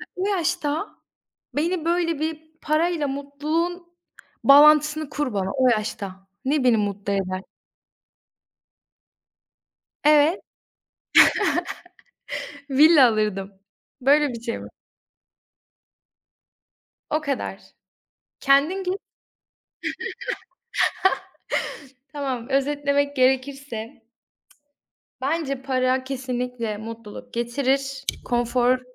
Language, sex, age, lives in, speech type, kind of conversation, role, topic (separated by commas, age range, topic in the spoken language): Turkish, female, 20-24, Poland, conversation, unstructured, none, Para ile mutluluk arasında nasıl bir ilişki var?